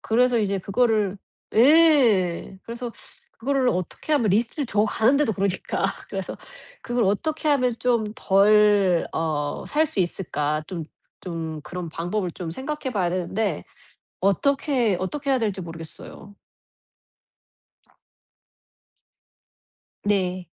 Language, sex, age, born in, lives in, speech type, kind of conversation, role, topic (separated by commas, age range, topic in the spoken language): Korean, female, 40-44, South Korea, United States, conversation, advice, user, 자기연민 훈련과 회복탄력성